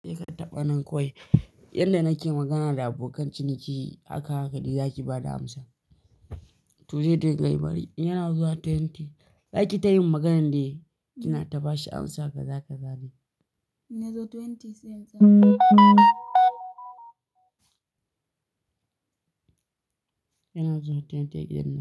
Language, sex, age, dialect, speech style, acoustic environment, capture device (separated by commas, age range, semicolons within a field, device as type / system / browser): Hausa, male, 18-24, Nigeria - Kano, happy, people talking, mobile / Linux / Chrome